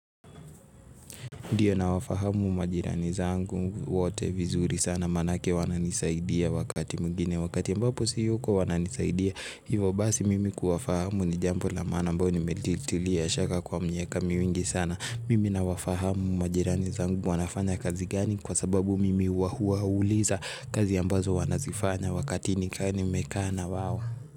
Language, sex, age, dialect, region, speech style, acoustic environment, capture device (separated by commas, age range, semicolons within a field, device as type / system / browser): Swahili, male, 18-24, Kenya - Nairobi Sheng-influenced, Kenya, excited, music, mobile / Linux / Chrome